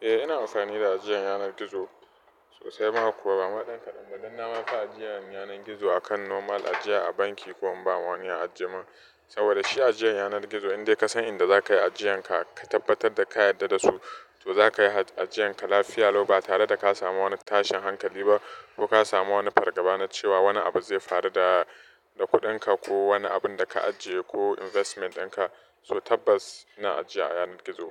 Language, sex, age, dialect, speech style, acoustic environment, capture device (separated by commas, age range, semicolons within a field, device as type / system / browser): Hausa, male, 25-34, Nigeria - Nigerian Standard, happy; relaxed, construction, mobile / Linux / Chrome